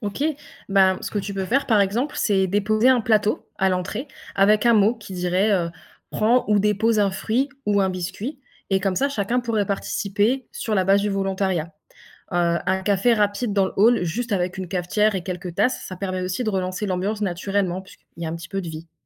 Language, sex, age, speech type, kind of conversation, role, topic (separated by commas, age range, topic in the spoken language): French, female, 20-24, read, expert-assistant, expert, Réduire notre empreinte carbone : quels efforts apportent le plus de bénéfices pour la planète et pour nous ?